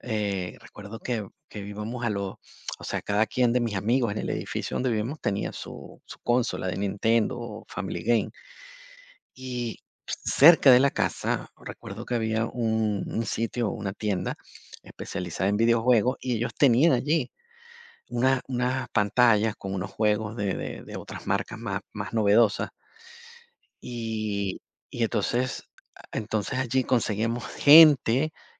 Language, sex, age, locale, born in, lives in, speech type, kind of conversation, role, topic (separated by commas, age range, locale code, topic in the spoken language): Spanish, male, 50-54, es-VE, Venezuela, Portugal, conversation, podcast, guest, ¿Cuál fue tu primera experiencia con los videojuegos y cómo la recuerdas?